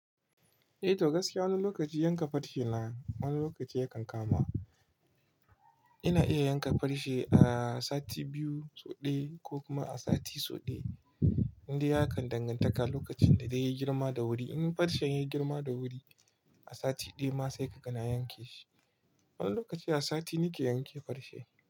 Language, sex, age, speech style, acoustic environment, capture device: Hausa, male, 25-34, relaxed; happy, nature; silence, mobile / Linux / Chrome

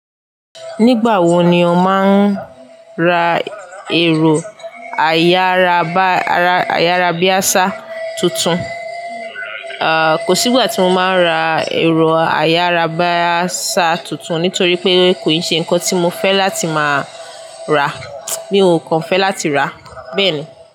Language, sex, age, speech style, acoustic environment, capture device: Yoruba, female, 18-24, happy, silence, desktop / Linux / Chrome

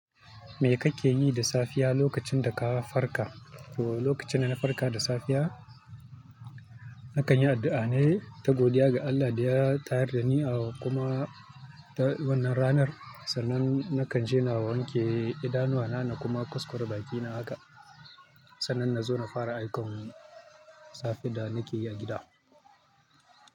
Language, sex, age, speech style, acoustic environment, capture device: Hausa, male, 25-34, focused, people talking; nature; traffic, mobile / Linux / Chrome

